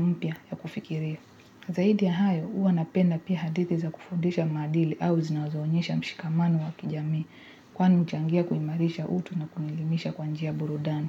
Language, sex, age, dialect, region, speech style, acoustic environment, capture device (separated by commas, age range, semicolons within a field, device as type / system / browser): Swahili, female, 18-24, Kenya - Nairobi Sheng-influenced, Kenya, happy, people talking; music; nature; traffic, mobile / Linux / Chrome